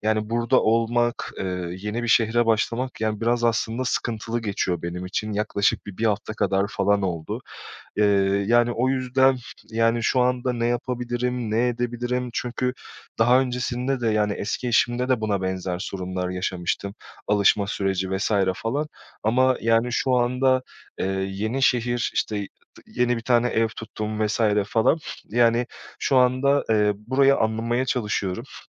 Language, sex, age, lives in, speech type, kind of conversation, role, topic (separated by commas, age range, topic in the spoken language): Turkish, male, 30-34, Portugal, conversation, advice, user, Yeni bir işe başlama ve çalışma ortamına alışma sürecinizi nasıl geçirdiniz?